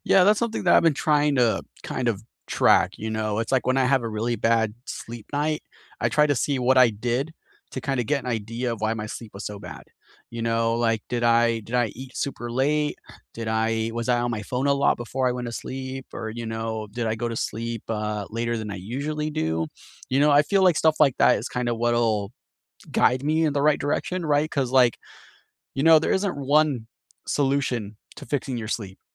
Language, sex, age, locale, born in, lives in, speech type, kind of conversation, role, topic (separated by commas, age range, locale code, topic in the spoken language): English, male, 30-34, en-US, United States, United States, conversation, unstructured, none, What real-life sleep tricks have actually worked for you, and how did you discover them?